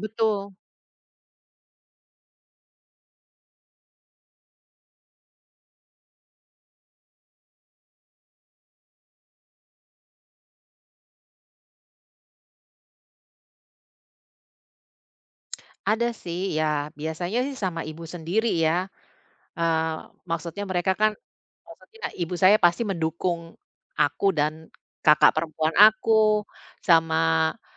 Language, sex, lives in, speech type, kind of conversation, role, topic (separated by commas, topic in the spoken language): Indonesian, female, Netherlands, conversation, advice, user, Bagaimana saya bisa mengatasi ketegangan dengan mertua terkait batasan pribadi?